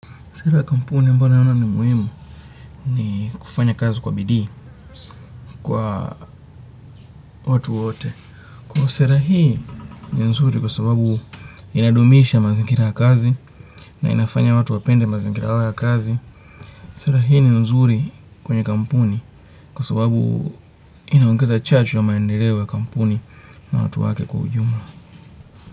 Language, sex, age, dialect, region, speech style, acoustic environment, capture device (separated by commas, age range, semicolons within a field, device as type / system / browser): Swahili, male, 25-34, Kenya - Nairobi Sheng-influenced, Kenya, relaxed, nature, desktop / Windows / Chrome